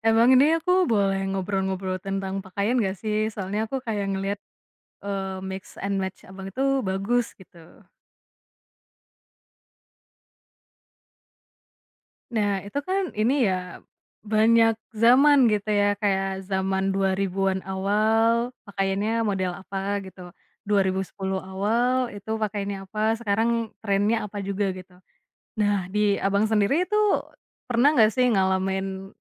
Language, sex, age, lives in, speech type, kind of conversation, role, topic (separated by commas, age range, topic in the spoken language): Indonesian, female, 25-29, Indonesia, conversation, podcast, host, Gimana komentar orang memengaruhi caramu berpakaian?